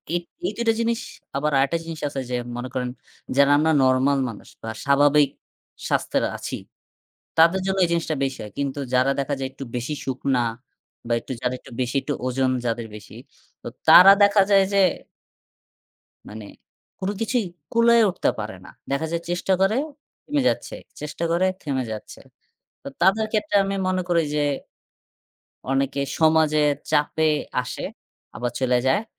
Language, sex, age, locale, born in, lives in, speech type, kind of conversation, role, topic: Bengali, male, 20-24, bn-BD, Bangladesh, Bangladesh, conversation, unstructured, none, কীভাবে ব্যায়ামকে দৈনন্দিন জীবনের নিয়মিত অংশ করে তোলা যায়?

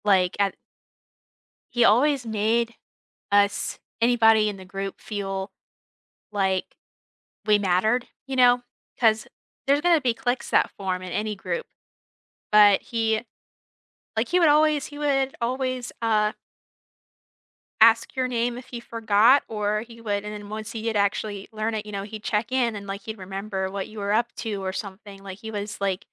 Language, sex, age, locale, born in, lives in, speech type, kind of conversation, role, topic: English, female, 20-24, en-US, United States, United States, conversation, unstructured, none, How do you manage the pain when grief feels unfair?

